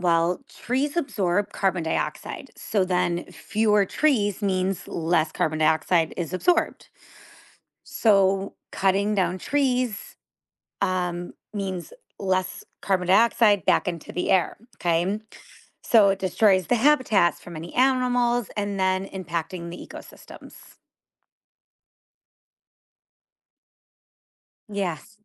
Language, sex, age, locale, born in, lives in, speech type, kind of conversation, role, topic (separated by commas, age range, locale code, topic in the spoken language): English, female, 35-39, en-US, United States, United States, read, expert-assistant, expert, What causes climate change?